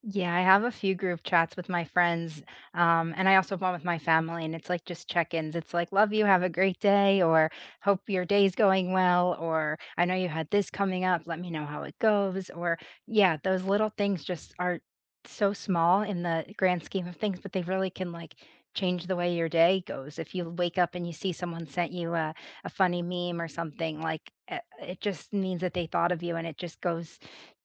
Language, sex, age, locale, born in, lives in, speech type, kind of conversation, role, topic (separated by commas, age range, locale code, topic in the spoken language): English, female, 25-29, en-US, United States, United States, conversation, unstructured, none, What little gestures make you feel appreciated?